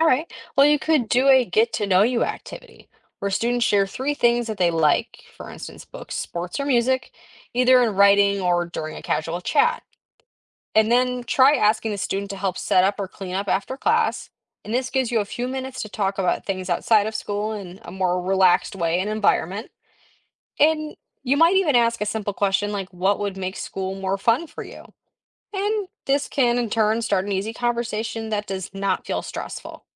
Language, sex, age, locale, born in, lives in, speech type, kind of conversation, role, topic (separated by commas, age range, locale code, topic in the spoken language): English, female, 18-19, en-US, United States, United States, read, expert-assistant, expert, What are the main causes of bullying in schools?